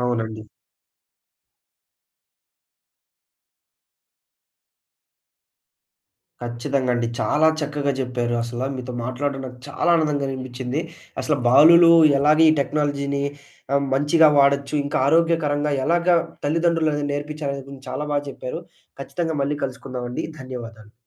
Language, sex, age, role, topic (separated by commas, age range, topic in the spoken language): Telugu, male, 20-24, host, బాలలకు సాంకేతికతను ఆరోగ్యకరంగా ఎలా నేర్పించాలి?